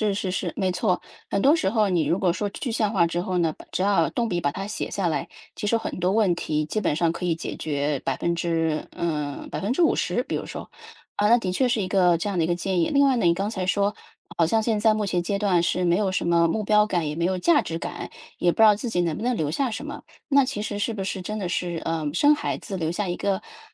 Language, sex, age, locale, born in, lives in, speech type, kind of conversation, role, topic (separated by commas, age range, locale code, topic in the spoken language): Chinese, female, 35-39, zh-CN, China, United States, conversation, advice, advisor, 我想产生积极影响并留下长久的精神遗产，但不知道该从哪里开始？